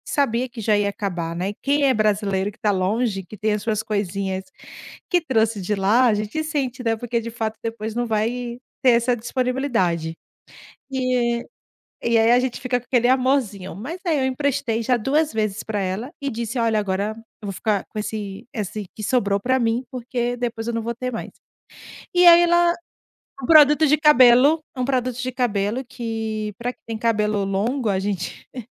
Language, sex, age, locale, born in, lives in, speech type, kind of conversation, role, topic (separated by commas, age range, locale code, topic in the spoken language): Portuguese, female, 35-39, pt-BR, Brazil, Portugal, conversation, podcast, guest, Como você diz “não” sem se sentir mal?